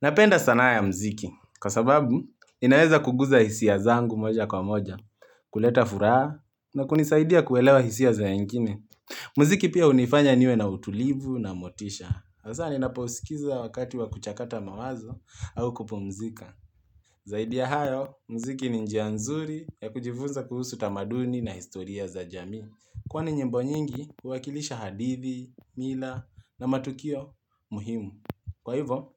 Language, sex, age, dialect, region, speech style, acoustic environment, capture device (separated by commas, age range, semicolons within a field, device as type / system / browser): Swahili, male, 25-34, Kenya - Nairobi Sheng-influenced, Kenya, focused; relaxed, nature; appliances, mobile / Linux / Chrome